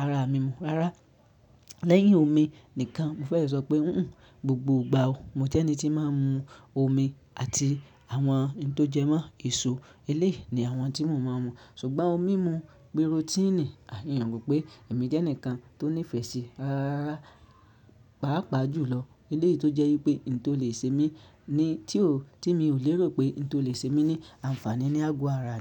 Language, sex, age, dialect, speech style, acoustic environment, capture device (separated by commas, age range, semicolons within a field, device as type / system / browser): Yoruba, male, 25-34, Nigeria - Ibadan, happy; excited, silence; nature, mobile / Linux / Chrome